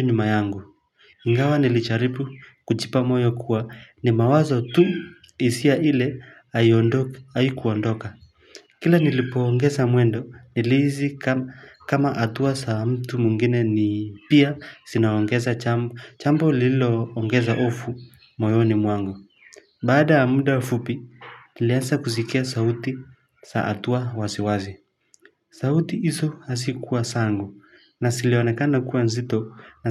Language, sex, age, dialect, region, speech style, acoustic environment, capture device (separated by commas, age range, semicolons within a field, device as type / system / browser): Swahili, male, 25-34, Kenya - Mombasa, Kenya, focused, nature, mobile / Linux / Chrome